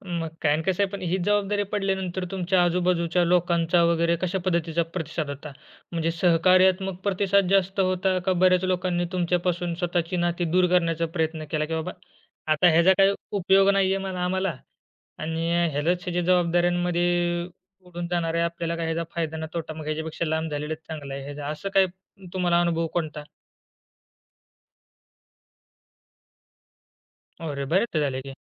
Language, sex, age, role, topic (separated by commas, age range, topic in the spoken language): Marathi, male, 20-24, host, एखाद्या अचानक आलेल्या जबाबदारीमुळे तुमचं आयुष्य कसं बदललं?